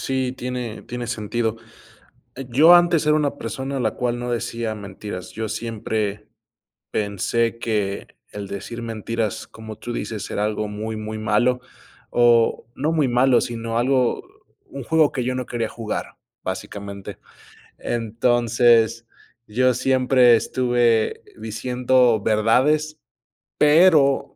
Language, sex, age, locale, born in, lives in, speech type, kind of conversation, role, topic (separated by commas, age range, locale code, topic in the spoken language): Spanish, male, 25-29, es-MX, Mexico, United States, conversation, unstructured, none, ¿Crees que a veces está bien mentir?